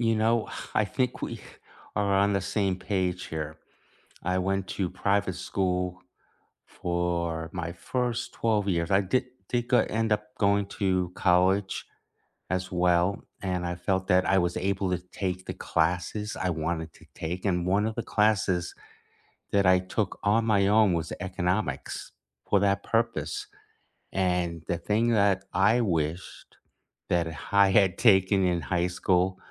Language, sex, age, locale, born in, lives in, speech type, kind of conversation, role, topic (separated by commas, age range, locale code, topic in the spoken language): English, male, 65-69, en-US, United States, United States, conversation, unstructured, none, What class do you wish your school had offered when you were younger, and how might it have changed your life?